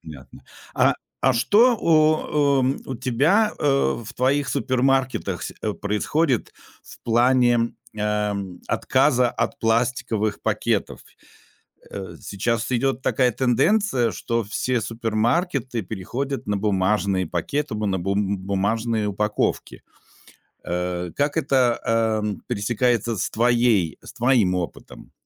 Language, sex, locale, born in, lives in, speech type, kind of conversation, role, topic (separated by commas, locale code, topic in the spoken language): Russian, male, ru-RU, Russia, Germany, conversation, podcast, host, Как убрать пластик из дома?